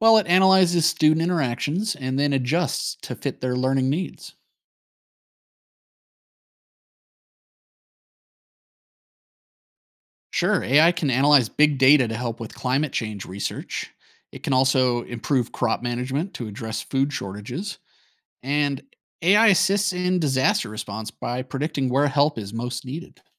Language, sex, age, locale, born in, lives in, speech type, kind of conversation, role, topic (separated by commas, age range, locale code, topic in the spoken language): English, male, 35-39, en-US, United States, United States, read, expert-assistant, expert, What are the risks and rewards of artificial intelligence?